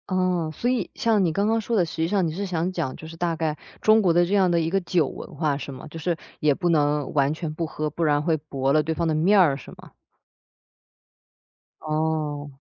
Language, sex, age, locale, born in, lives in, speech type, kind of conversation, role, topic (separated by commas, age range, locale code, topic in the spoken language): Chinese, female, 25-29, zh-CN, China, Germany, conversation, podcast, host, 吃饭时有哪些需要避开的忌讳？